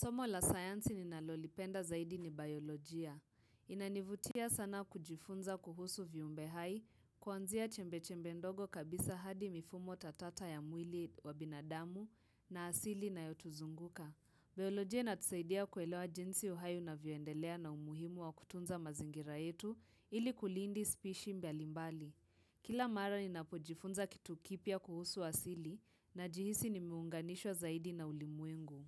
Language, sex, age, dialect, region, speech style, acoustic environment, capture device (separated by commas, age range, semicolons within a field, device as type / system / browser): Swahili, female, 18-24, Kenya - Nairobi Sheng-influenced, Kenya, tired, silence, mobile / Linux / Chrome